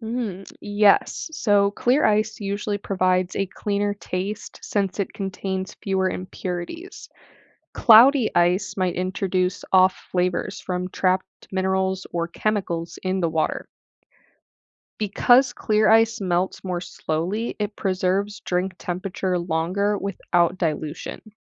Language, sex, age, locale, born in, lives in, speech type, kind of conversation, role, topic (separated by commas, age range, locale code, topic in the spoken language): English, female, 20-24, en-US, United States, United States, read, expert-assistant, expert, What makes some ice cubes cloudy and others clear?